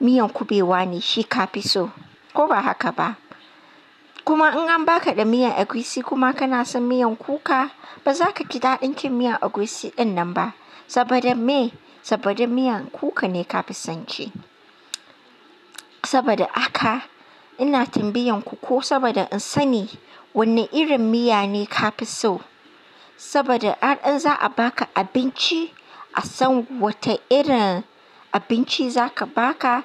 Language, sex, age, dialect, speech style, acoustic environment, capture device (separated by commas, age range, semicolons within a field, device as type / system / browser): Hausa, female, 25-34, Nigeria - Katsina, happy; relaxed, nature, mobile / Linux / Chrome